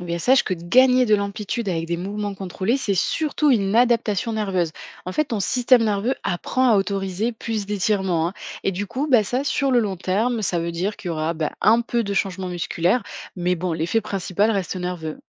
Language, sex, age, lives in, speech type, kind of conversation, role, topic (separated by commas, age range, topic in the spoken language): French, female, 25-29, France, read, expert-assistant, expert, Qu’est-ce que ça signifie, avoir une « bonne posture » quand on soulève des poids ? À quoi faut-il vraiment faire attention ?